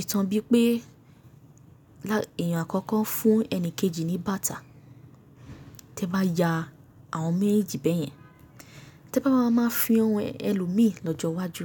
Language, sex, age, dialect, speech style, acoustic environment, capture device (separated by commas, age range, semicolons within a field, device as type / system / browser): Yoruba, female, 18-24, Nigeria - Nigerian Standard, excited, nature, mobile / Linux / Chrome